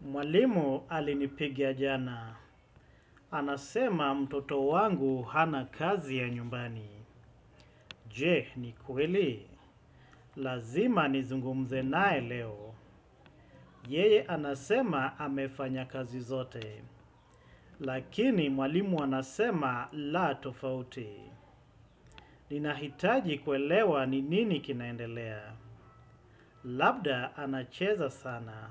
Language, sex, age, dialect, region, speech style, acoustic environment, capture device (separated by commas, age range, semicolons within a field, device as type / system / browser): Swahili, male, 35-44, Kenya - Mombasa, Kenya, focused, silence, desktop / Windows / Chrome